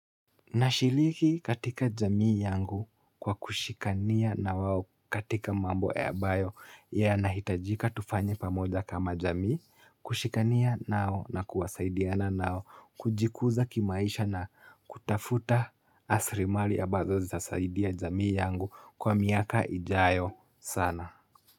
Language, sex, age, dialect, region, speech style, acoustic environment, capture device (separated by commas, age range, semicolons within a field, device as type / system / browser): Swahili, male, 25-34, Kenya - Nairobi Sheng-influenced, Kenya, happy; nervous, silence, mobile / Linux / Chrome